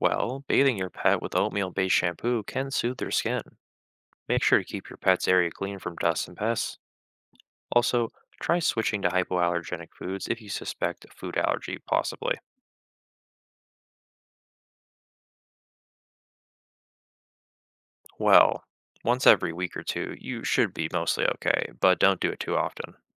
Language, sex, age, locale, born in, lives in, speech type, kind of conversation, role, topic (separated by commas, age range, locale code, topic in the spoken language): English, male, 20-24, en-US, United States, United States, read, expert-assistant, expert, What should I do if my pet is scratching excessively?